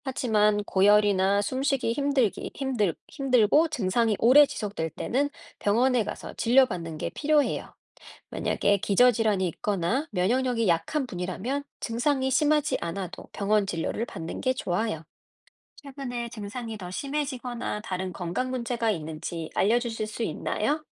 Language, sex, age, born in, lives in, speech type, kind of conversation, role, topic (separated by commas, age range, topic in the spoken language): Korean, female, 40-44, South Korea, United States, read, expert-assistant, expert, 왜 우리는 감기에 걸릴까요?